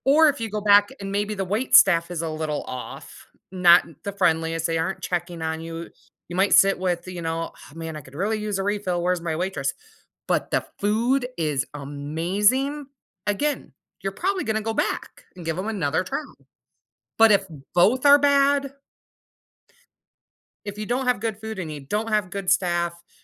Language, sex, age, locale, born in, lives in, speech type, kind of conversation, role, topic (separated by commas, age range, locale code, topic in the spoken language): English, female, 35-39, en-US, United States, United States, conversation, podcast, host, Why do some movies leave a lasting impact on us while others are easily forgotten?